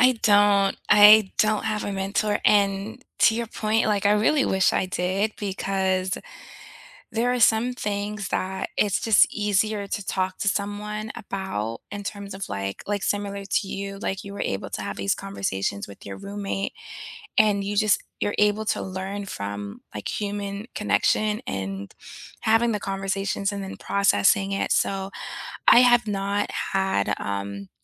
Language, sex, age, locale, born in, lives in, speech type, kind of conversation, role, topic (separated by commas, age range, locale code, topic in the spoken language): English, female, 35-39, en-US, United States, United States, conversation, unstructured, none, How do you prefer to learn new skills these days?